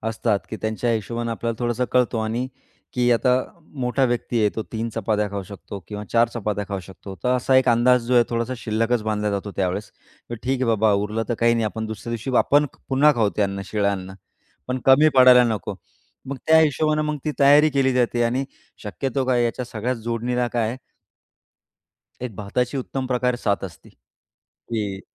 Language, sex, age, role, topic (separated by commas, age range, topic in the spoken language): Marathi, male, 35-39, guest, पाहुणे आनंदी राहावेत यासाठी तुम्ही कोणत्या सोप्या गोष्टी करता?